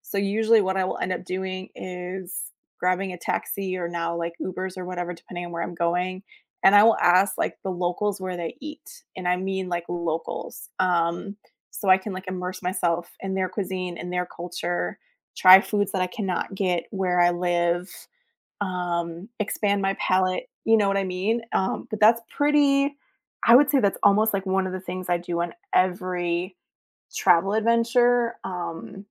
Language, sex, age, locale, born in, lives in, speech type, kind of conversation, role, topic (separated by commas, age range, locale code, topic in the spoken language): English, female, 40-44, en-US, United States, United States, conversation, podcast, guest, How does exploring local cuisine shape your travel experiences and connections with new cultures?